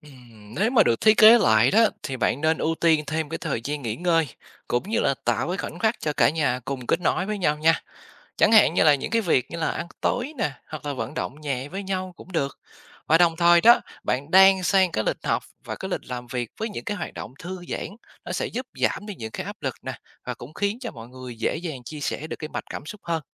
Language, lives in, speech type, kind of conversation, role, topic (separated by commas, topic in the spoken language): Vietnamese, Vietnam, read, expert-assistant, expert, Nếu được thiết kế lại một ngày điển hình để tốt cho sức khỏe tâm thần, bạn sẽ thay đổi điều gì?